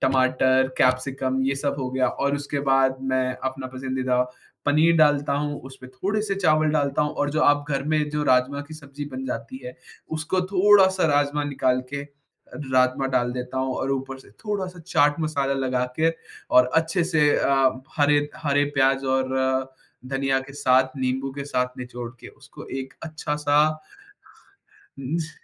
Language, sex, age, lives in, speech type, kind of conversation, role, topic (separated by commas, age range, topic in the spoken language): Hindi, male, 20-24, India, conversation, podcast, guest, अंतरराष्ट्रीय व्यंजनों को स्थानीय स्वाद के अनुरूप नया रूप देने का सही तरीका क्या है?